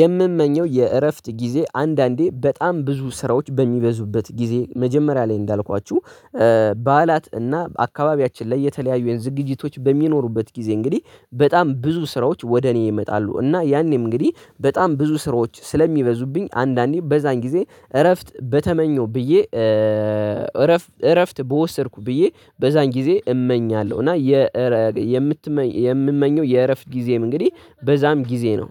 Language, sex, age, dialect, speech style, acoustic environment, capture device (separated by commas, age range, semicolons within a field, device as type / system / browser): Amharic, male, 18-24, Ethiopia - Addis Ababa, focused; relaxed; tired; excited; anxious; happy, nature, desktop / Linux / Chrome